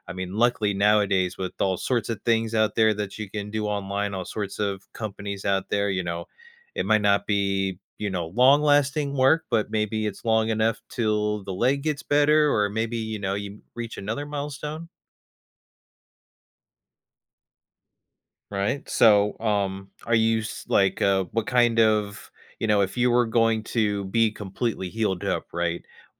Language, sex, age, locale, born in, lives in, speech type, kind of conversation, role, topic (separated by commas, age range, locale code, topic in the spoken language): English, male, 30-34, en-US, United States, United States, conversation, advice, advisor, How can I align my daily habits with my core values?